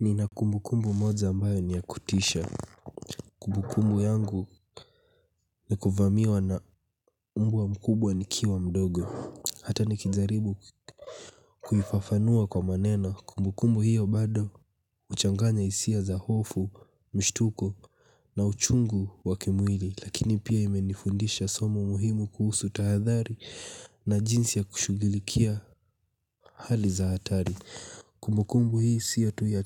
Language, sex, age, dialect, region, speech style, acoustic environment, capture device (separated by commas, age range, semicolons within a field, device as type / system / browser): Swahili, male, 18-24, Kenya - Mombasa, Kenya, happy, nature, mobile / Linux / Chrome